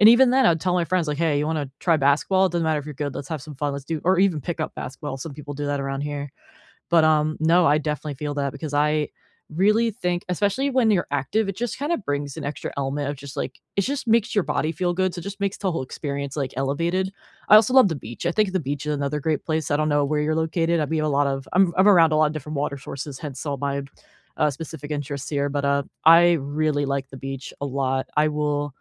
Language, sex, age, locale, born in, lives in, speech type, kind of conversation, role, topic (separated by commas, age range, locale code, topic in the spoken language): English, female, 25-29, en-US, United States, United States, conversation, unstructured, none, When life gets hectic, what small habits help you keep friendships warm and supportive?